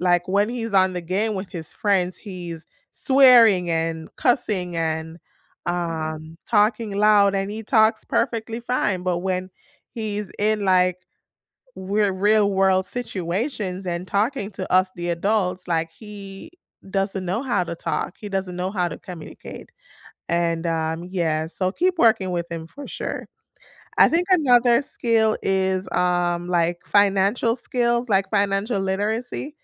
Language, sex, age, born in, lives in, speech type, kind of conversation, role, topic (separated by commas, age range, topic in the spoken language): English, female, 30-34, Jamaica, United States, conversation, unstructured, none, Which underrated everyday skill do you think everyone should learn, and what experience made you value it?